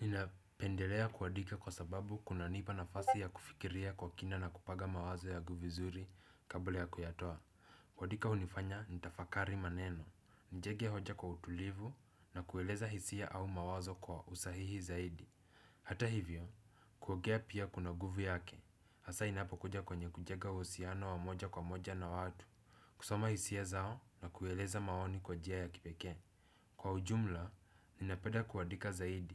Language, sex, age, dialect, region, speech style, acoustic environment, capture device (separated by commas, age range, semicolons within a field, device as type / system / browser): Swahili, male, 18-24, Kenya - Nairobi Sheng-influenced, Kenya, relaxed, silence, desktop / Windows / Chrome